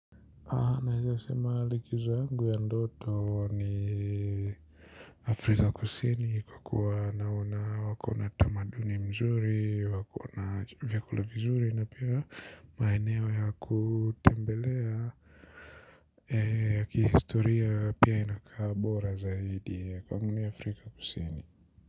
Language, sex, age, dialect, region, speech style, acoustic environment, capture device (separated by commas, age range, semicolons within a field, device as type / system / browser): Swahili, male, 25-34, Kenya - Nairobi Sheng-influenced, Kenya, relaxed, silence, mobile / Linux / Chrome